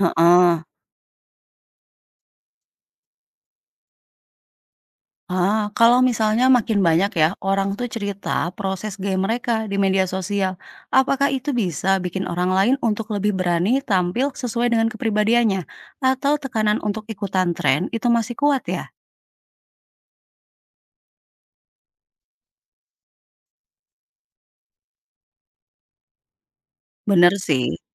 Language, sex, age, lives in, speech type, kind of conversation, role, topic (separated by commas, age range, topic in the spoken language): Indonesian, female, 35-39, Indonesia, read, expert-assistant, asker, Mana yang lebih baik untuk mengekspresikan kepribadian, mengikuti tren fashion terbaru atau mengembangkan gaya pribadi yang unik?